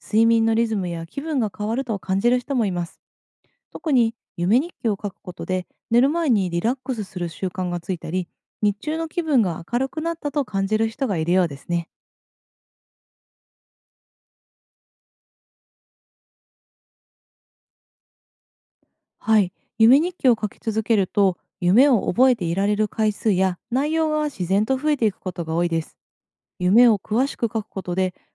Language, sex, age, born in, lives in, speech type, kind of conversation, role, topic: Japanese, female, 30-34, Japan, Japan, read, expert-assistant, expert, なぜ人間は夢を見るのでしょうか？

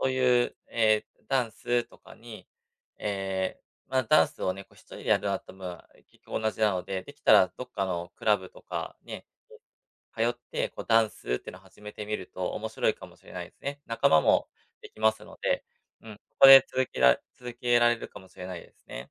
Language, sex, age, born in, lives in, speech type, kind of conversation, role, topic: Japanese, male, 35-39, Japan, Japan, conversation, advice, user, 運動を続けられず、すぐにやめてしまうのはなぜですか？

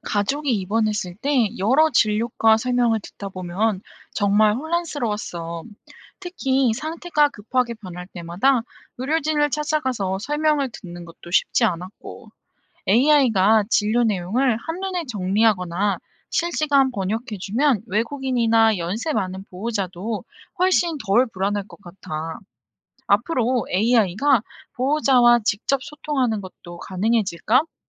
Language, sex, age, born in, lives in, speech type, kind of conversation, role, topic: Korean, female, 25-29, South Korea, South Korea, read, expert-assistant, asker, 의사들이 서로 더 잘 이해하고 소통할 수 있도록 어떤 새로운 아이디어가 있을까요?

